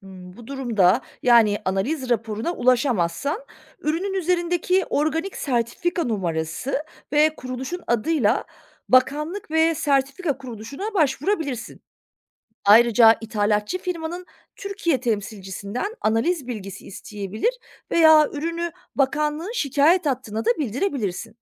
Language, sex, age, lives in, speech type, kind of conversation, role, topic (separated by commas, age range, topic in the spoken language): Turkish, female, 40-44, Germany, read, expert-assistant, expert, Marketlerde satılan organik ürün etiketlerinin gerçekten doğru olup olmadığını nasıl anlayabiliriz? Kaynakların güvenilirliğini nasıl kontrol edebiliriz?